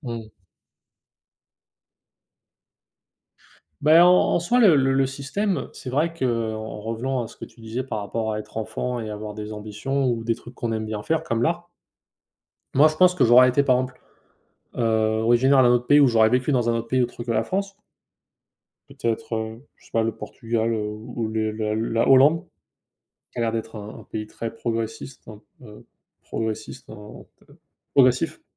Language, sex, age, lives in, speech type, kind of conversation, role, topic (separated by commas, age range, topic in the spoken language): French, male, 25-29, United States, conversation, unstructured, none, As-tu déjà eu l’impression que le système freine tes ambitions ?